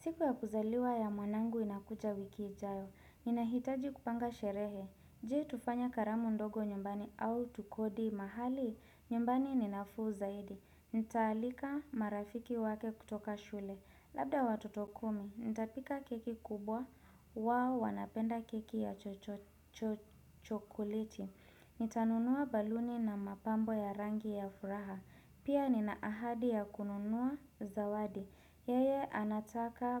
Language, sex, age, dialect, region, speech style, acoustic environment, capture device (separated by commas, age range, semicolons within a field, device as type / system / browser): Swahili, female, 25-34, Kenya - Nairobi Sheng-influenced, Kenya, relaxed, nature; construction; appliances; music; people talking, desktop / Windows / Chrome